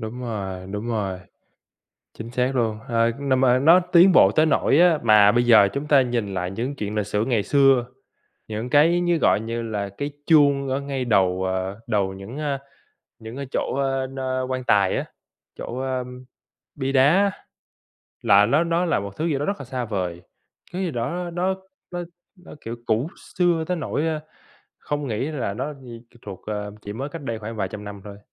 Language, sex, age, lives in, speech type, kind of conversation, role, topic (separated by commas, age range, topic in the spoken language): Vietnamese, male, 25-29, United States, conversation, unstructured, none, Khoa học đã thay đổi cách chúng ta hiểu về thế giới như thế nào?